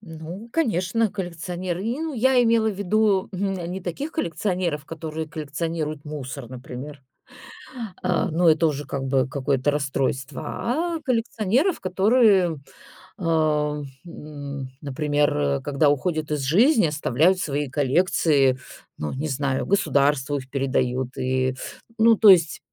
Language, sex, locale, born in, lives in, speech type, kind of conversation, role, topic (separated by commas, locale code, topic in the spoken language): Russian, female, ru-RU, Russia, Italy, conversation, podcast, host, Можно ли быть минималистом и любить яркие цвета?